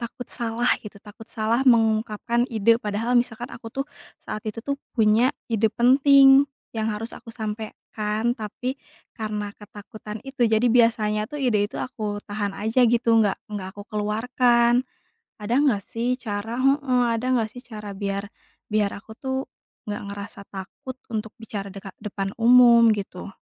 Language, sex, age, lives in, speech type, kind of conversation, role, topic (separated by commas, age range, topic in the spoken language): Indonesian, female, 30-34, Indonesia, conversation, advice, user, Bagaimana cara mengatasi rasa takut berbicara di depan umum meski saya memiliki ide penting?